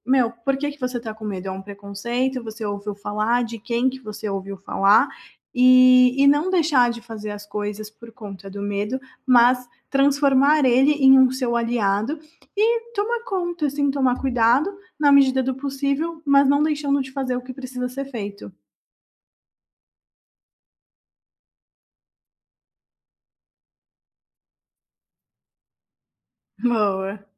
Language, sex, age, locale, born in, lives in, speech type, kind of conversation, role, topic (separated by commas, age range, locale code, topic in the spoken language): Portuguese, female, 25-29, pt-BR, Brazil, Italy, conversation, advice, advisor, Como posso explorar novos lugares com mais segurança e confiança?